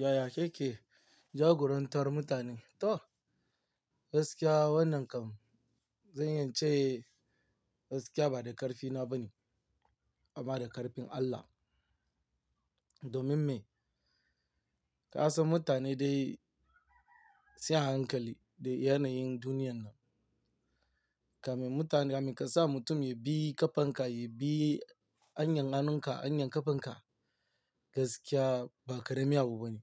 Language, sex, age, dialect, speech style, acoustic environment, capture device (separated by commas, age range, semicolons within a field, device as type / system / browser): Hausa, male, 18-24, Nigeria - Lagos Yoruba, tired, nature, mobile / Linux / Chrome